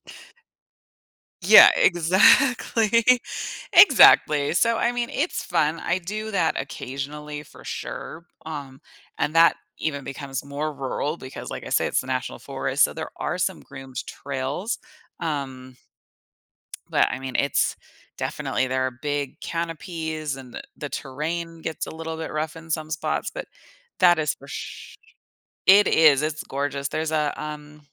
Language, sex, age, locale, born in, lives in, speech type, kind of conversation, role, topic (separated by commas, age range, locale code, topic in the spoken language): English, female, 35-39, en-US, United States, United States, conversation, advice, user, How can I find joy in small daily moments?